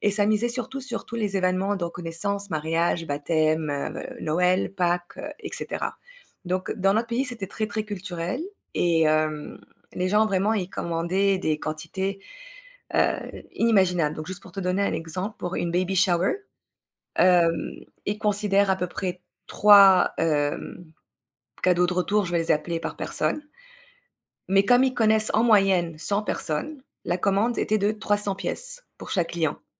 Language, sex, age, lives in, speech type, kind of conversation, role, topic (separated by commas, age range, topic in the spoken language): French, female, 35-39, Spain, conversation, advice, user, Comment puis-je savoir si mon idée répond à un vrai besoin et si le marché est suffisamment grand ?